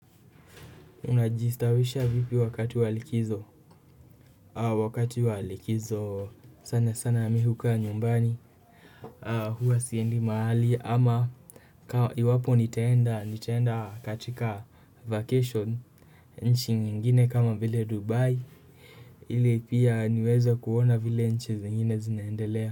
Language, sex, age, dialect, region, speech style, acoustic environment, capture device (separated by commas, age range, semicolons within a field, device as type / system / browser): Swahili, male, 18-24, Kenya - Kiambu, Kenya, nervous, nature, desktop / Windows / Chrome